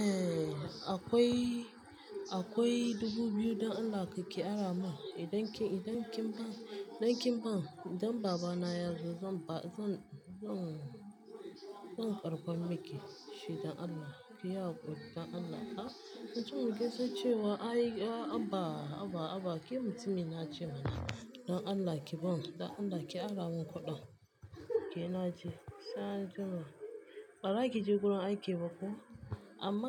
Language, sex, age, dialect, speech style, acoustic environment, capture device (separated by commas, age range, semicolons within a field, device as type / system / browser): Hausa, female, 25-34, Nigeria - Lagos Yoruba, relaxed, silence, mobile / Linux / Chrome